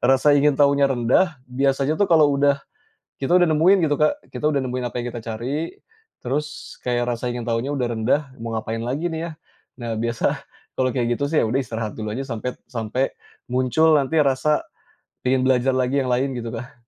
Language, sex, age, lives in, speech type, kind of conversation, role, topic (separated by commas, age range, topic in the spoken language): Indonesian, male, 35-39, Indonesia, conversation, podcast, guest, Bagaimana kamu menjaga rasa ingin tahu tetap hidup?